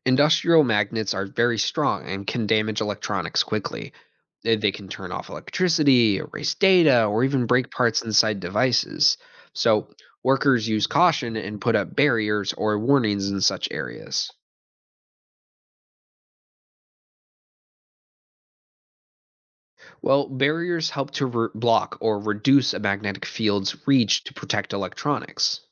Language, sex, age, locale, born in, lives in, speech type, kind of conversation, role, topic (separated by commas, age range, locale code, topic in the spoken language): English, male, 20-24, en-US, United States, United States, read, expert-assistant, expert, How do magnetic fields affect electronic devices?